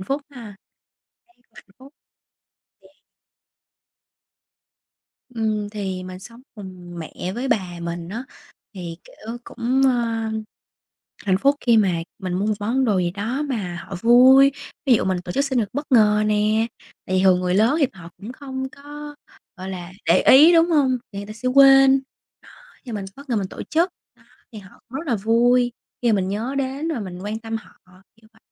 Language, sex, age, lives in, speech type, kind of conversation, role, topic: Vietnamese, female, 25-29, Vietnam, conversation, unstructured, none, Điều gì khiến bạn cảm thấy hạnh phúc nhất trong cuộc sống?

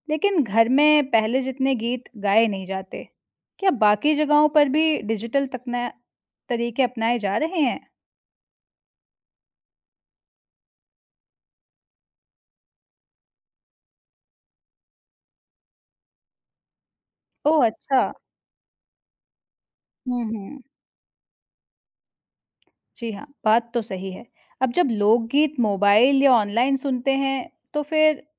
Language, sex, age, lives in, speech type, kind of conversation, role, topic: Hindi, female, 25-29, India, read, expert-assistant, asker, खेती में ड्रोन और सेंसर का इस्तेमाल बढ़ने से गाँव की ज़िंदगी में क्या-क्या बदलाव आ सकते हैं?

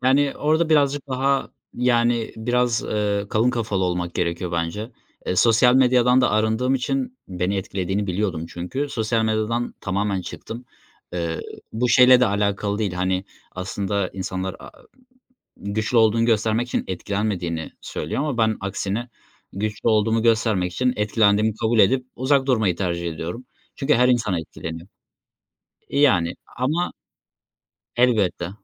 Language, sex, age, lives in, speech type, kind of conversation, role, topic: Turkish, male, 25-29, Germany, conversation, podcast, guest, Motivasyonun düştüğünde disiplinini nasıl yeniden toplarsın?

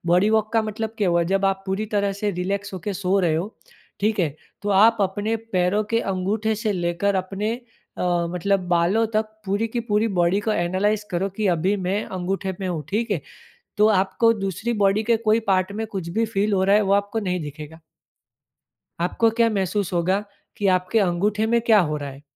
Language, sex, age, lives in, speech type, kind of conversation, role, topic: Hindi, male, 25-29, India, conversation, podcast, guest, Meditation anxiety ko manage karne mein kaise help karta hai, aasan shabdon mein?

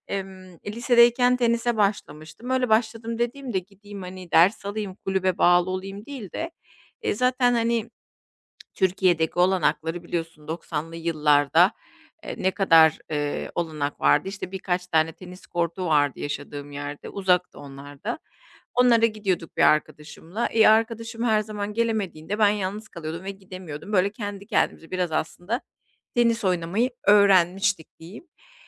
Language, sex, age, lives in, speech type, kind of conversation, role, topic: Turkish, female, 40-44, Spain, conversation, advice, user, Egzersiz rutinimi sürdüremiyorum ve motivasyonum çabuk düşüyor; bunu nasıl aşabilirim?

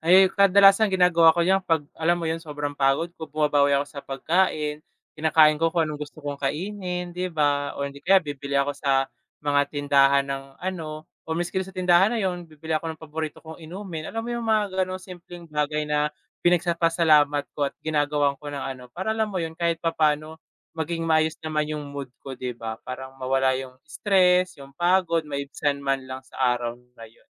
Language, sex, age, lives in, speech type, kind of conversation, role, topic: Filipino, male, 20-24, Philippines, conversation, unstructured, none, Ano ang ginagawa mo para maging masaya sa trabaho?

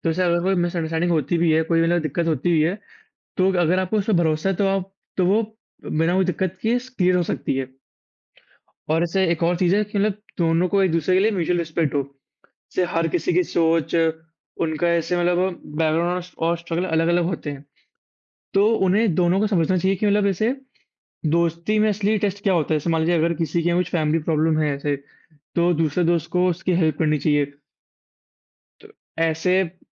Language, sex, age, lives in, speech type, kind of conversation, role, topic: Hindi, male, 18-19, India, conversation, unstructured, none, आप दोस्त चुनते समय किन गुणों को सबसे अधिक प्राथमिकता देते हैं?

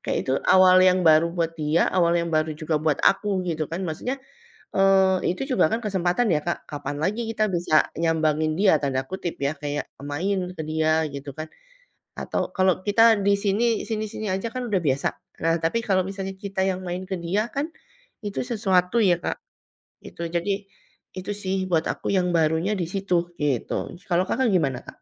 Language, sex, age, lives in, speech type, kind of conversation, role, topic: Indonesian, female, 40-44, Indonesia, conversation, unstructured, none, Apa yang paling sulit bagi Anda saat harus mengucapkan selamat tinggal?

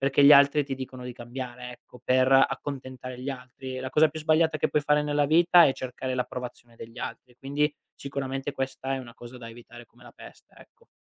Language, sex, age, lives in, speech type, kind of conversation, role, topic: Italian, male, 25-29, Italy, conversation, advice, advisor, Come ti senti quando vieni giudicato per come ti vesti o ti presenti in pubblico?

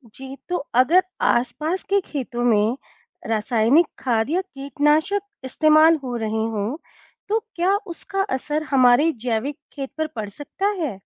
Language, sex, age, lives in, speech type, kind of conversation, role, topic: Hindi, female, 40-44, India, read, expert-assistant, asker, हम अपने खेतों में टिकाऊ खेती के कौन-कौन से नए तरीके आज़मा सकते हैं, जिससे फसल अच्छी भी हो और ज़मीन भी स्वस्थ रहे?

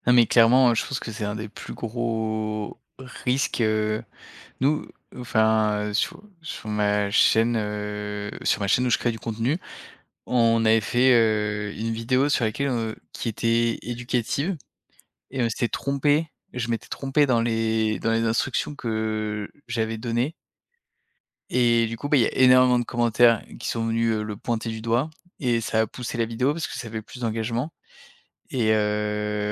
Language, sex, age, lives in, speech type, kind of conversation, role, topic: French, male, 30-34, France, conversation, podcast, guest, Comment l’algorithme change-t-il notre façon de créer du contenu ?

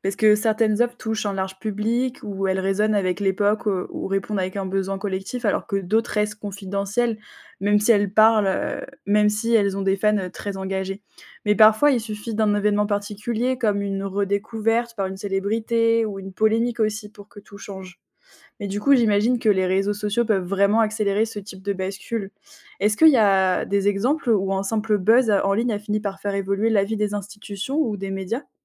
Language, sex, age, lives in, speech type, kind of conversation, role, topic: French, female, 20-24, France, read, expert-assistant, asker, L’opinion du public peut-elle rivaliser avec celle des experts dans la reconnaissance artistique ?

